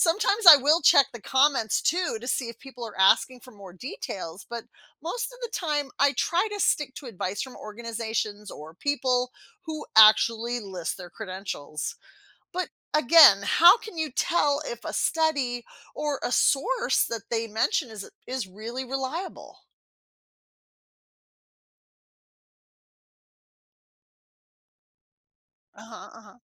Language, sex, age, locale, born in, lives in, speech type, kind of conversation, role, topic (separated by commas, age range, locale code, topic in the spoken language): English, female, 50-54, en-US, United States, United States, read, expert-assistant, asker, What are the best ways to tell if online advice about mental health is trustworthy?